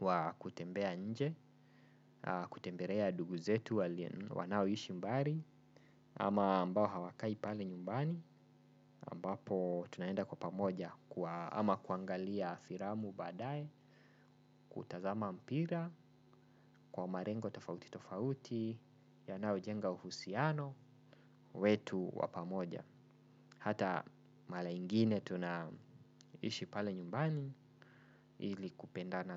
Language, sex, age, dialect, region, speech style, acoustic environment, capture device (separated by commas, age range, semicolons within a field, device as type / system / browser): Swahili, male, 25-34, Kenya - Nairobi Sheng-influenced, Kenya, relaxed, nature, desktop / Windows / Chrome